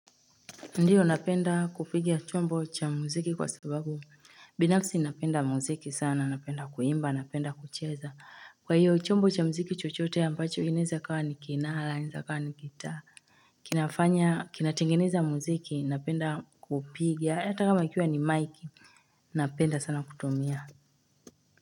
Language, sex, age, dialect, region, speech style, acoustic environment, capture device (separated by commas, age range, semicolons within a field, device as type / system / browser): Swahili, female, 25-34, Tanzania - Dar es Salaam, Tanzania, relaxed; tired, silence; nature, mobile / Linux / Chrome